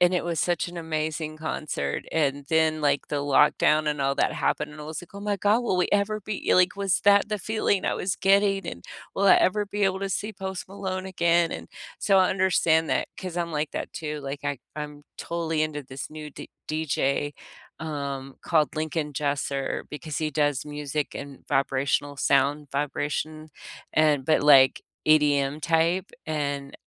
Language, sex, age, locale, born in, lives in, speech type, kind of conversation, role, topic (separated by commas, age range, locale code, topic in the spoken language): English, female, 60-64, en-US, United States, United States, conversation, unstructured, none, What songs or podcast episodes have brightened your days lately, and what about them lifts your spirits?